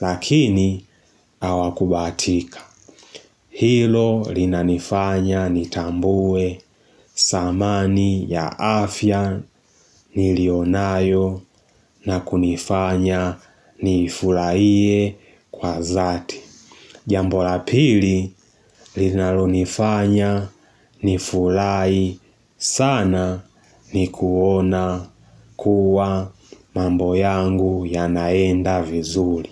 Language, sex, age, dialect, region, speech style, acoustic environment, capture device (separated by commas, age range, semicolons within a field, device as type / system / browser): Swahili, male, 18-24, Tanzania - Dar es Salaam, Tanzania, focused; excited; relaxed, silence; nature, mobile / Linux / Chrome